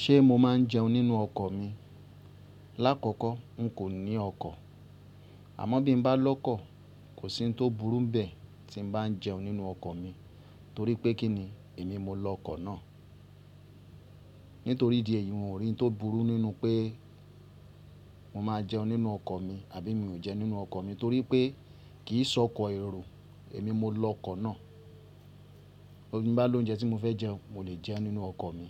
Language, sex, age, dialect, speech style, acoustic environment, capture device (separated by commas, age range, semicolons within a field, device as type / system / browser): Yoruba, male, 35-44, Nigeria - Ibadan, relaxed; anxious, music; construction; appliances, mobile / Linux / Chrome